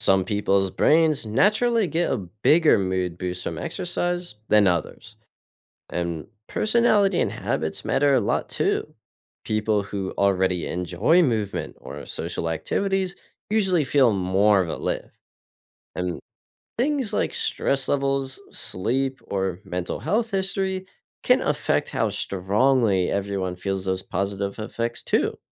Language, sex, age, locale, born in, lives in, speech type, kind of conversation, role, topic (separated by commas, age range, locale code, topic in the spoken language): English, male, 18-19, en-US, United States, United States, read, expert-assistant, expert, How does exercise affect mood and happiness?